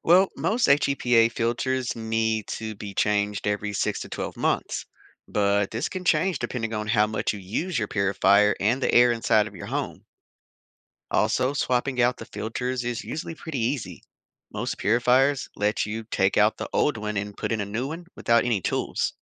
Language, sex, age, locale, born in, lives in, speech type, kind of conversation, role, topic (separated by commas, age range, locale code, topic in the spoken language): English, male, 35-39, en-US, United States, United States, read, expert-assistant, expert, How do plants clean the air?